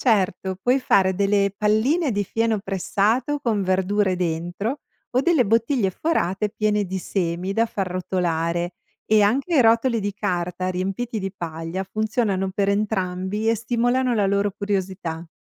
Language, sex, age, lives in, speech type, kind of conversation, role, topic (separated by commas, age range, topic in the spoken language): Italian, female, 45-49, United States, read, expert-assistant, expert, Quali soluzioni pratiche aiutano a risolvere i piccoli problemi che nascono con gli animali da allevamento?